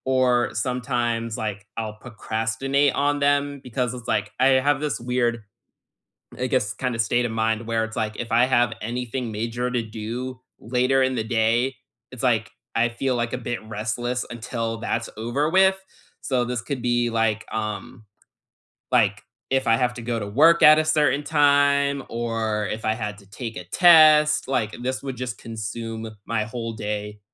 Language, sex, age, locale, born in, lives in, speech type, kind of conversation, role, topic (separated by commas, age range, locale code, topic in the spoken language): English, male, 20-24, en-US, United States, United States, conversation, unstructured, none, What small joys brighten your week?